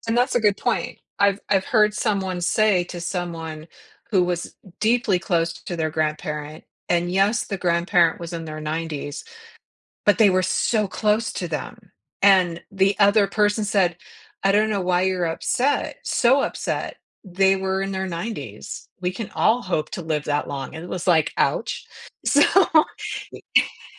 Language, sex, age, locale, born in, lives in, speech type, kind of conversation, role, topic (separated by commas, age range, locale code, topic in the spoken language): English, female, 55-59, en-US, United States, United States, conversation, podcast, host, What are thoughtful ways to be there for someone who is grieving?